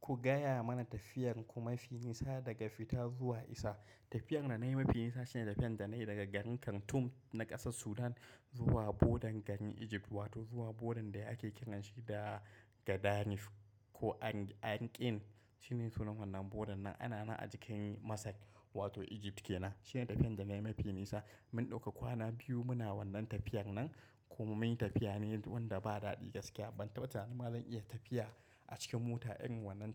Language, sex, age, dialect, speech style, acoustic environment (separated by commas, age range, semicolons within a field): Hausa, male, 25-34, Nigeria - Kano, happy; relaxed, nature; silence